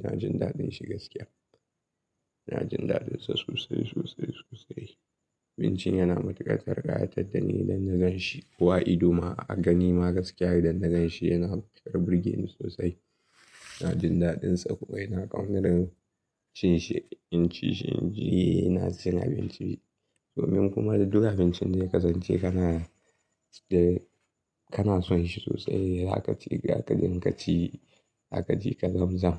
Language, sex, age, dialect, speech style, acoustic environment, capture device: Hausa, male, 18-24, Nigeria - Kano, excited; anxious, nature; silence, mobile / Linux / Chrome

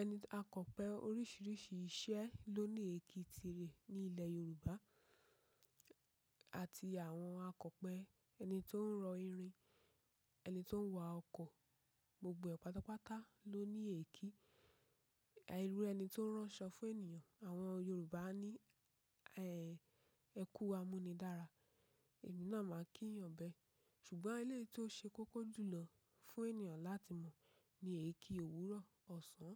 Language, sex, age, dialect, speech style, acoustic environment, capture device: Yoruba, female, 25-34, Nigeria - Ibadan, relaxed, nature, mobile / macOS / Safari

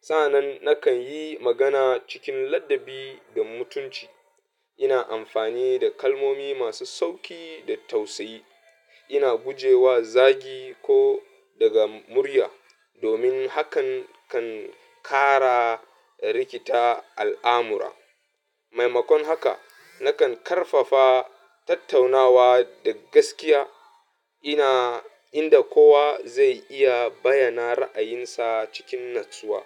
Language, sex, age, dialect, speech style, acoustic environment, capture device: Hausa, male, 25-34, Nigeria - Katsina, happy; anxious; nervous, music; people talking; appliances; traffic, mobile / Linux / Chrome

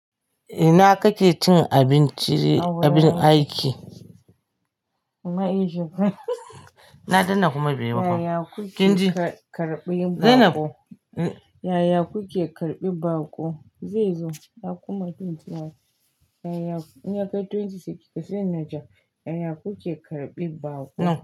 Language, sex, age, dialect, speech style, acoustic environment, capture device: Hausa, female, 45-54, Nigeria - Kano, happy; focused, nature; silence; appliances, mobile / Linux / Chrome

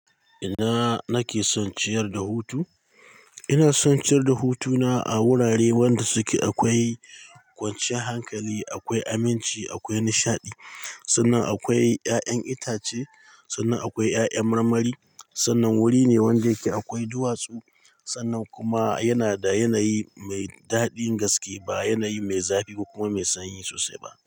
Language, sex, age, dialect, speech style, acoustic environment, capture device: Hausa, male, 45-54, Nigeria - Katsina, stressed; focused; happy, people talking; nature, mobile / Linux / Chrome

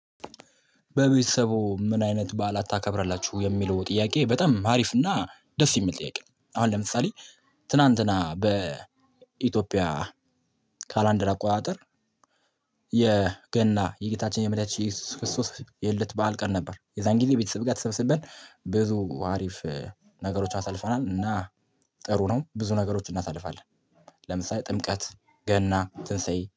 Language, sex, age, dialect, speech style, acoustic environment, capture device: Amharic, male, 18-24, Ethiopia - Addis Ababa, excited, silence, mobile / Linux / Chrome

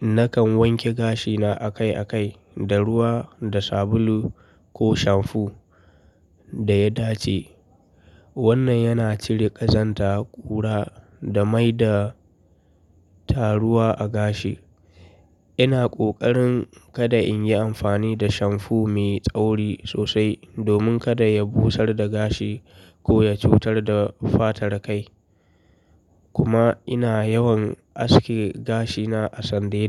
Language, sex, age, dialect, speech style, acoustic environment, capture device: Hausa, male, 25-34, Nigeria - Kano, relaxed; focused, people talking; nature; traffic; appliances, mobile / Linux / Chrome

